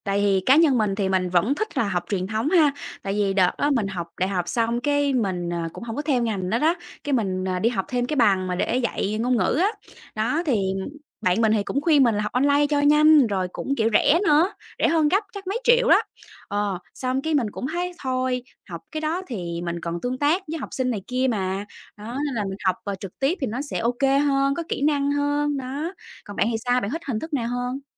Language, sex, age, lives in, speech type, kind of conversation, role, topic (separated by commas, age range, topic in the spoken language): Vietnamese, female, 25-29, Vietnam, conversation, unstructured, none, Học trực tuyến khác gì so với học truyền thống?